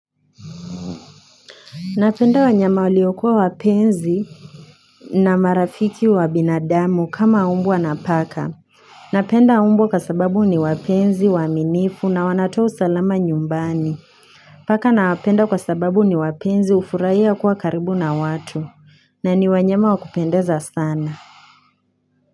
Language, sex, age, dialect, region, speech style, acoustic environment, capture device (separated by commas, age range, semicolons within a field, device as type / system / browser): Swahili, female, 18-24, Kenya - Nairobi Sheng-influenced, Kenya, happy, silence, mobile / Linux / Chrome